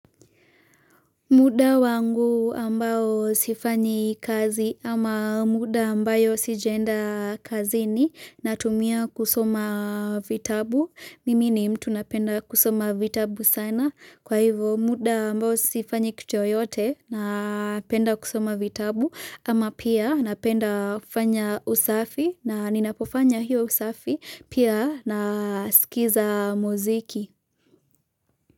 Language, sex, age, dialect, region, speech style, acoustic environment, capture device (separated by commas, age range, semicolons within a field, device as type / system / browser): Swahili, female, 18-24, Kenya - Nairobi Sheng-influenced, Kenya, relaxed, silence, mobile / Linux / Chrome